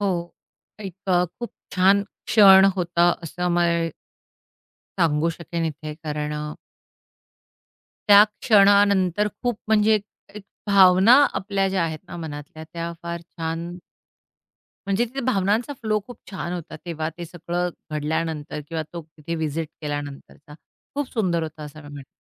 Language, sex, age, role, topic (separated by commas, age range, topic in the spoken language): Marathi, female, 45-49, guest, तुमच्या प्रवासातील सर्वात साधा पण अर्थपूर्ण क्षण कोणता होता?